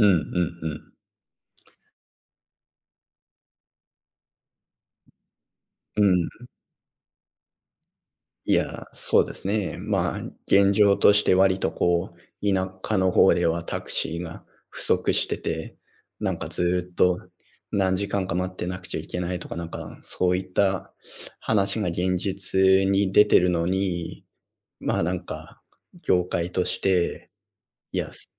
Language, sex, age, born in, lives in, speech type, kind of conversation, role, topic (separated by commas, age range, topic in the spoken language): Japanese, male, 35-39, Japan, Japan, conversation, unstructured, none, 自動運転車が普及したら、私たちの生活はどのように変わると思いますか？